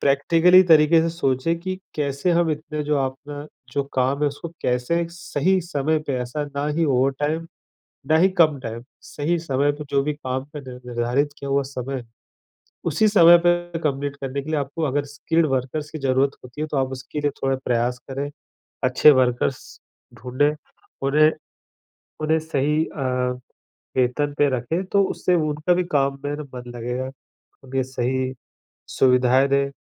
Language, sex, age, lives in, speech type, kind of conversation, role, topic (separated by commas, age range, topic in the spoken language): Hindi, male, 35-39, India, conversation, advice, advisor, काम का तनाव मुझे सोने नहीं देता और मेरा दिमाग शांत नहीं होता, मैं क्या करूँ?